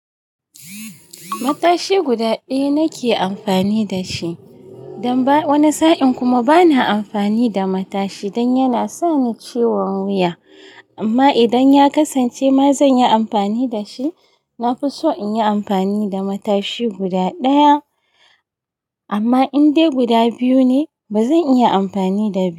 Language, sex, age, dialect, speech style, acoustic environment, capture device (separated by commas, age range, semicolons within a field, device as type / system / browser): Hausa, female, 35-44, Nigeria - Kano, happy; excited, nature, mobile / Linux / Chrome